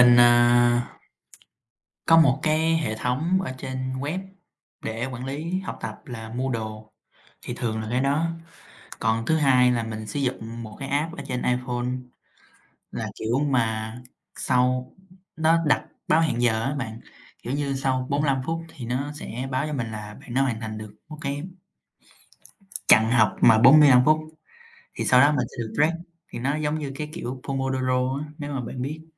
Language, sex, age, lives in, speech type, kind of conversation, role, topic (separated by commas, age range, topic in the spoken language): Vietnamese, male, 18-19, United States, conversation, unstructured, none, Bạn quản lý thời gian sử dụng các thiết bị công nghệ như thế nào?